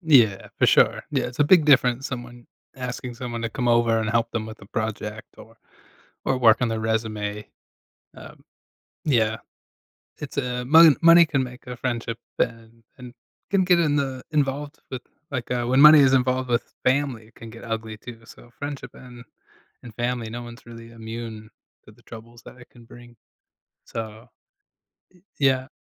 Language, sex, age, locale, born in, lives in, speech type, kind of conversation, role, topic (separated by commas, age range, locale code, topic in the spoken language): English, male, 35-39, en-US, United States, United States, conversation, advice, advisor, How should I address a disagreement with a friend?